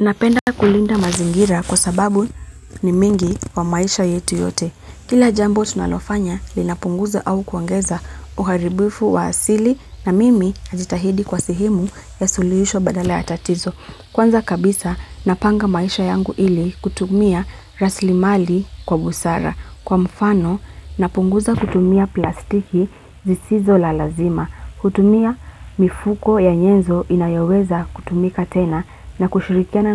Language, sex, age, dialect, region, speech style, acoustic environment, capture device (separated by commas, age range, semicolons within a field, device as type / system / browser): Swahili, female, 25-34, Kenya - Nairobi Sheng-influenced, Kenya, happy, nature, mobile / Linux / Chrome